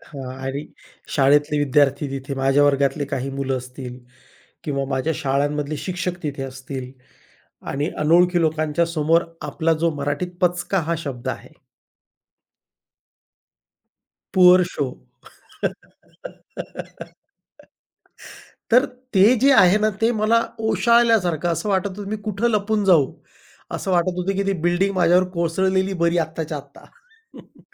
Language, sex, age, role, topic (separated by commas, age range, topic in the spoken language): Marathi, male, 40-44, guest, स्वतःला माफ करणं तुम्हाला कसं जमतं?